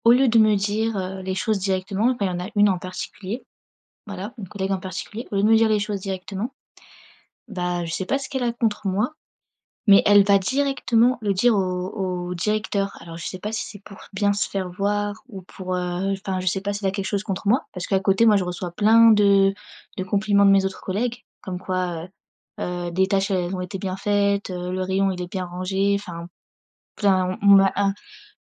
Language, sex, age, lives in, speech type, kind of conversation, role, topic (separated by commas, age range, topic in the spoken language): French, female, 25-29, France, conversation, advice, user, Comment réagir face aux critiques constantes d’un collègue ?